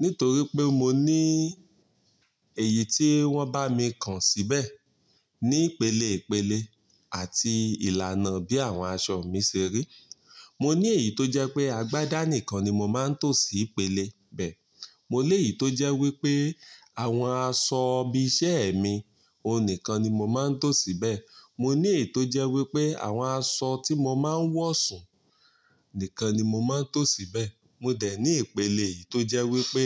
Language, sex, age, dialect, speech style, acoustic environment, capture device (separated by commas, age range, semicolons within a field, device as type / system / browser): Yoruba, male, 35-44, Nigeria - Ibadan, relaxed, nature, mobile / Linux / Chrome